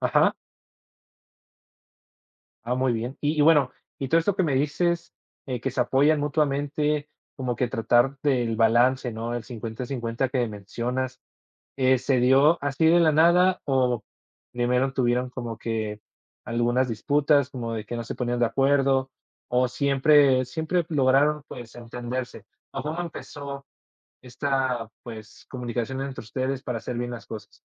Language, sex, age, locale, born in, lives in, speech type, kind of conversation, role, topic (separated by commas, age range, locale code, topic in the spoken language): Spanish, male, 20-24, es-MX, Mexico, Mexico, conversation, podcast, host, ¿Cómo pueden repartir las tareas del hogar sin pelear?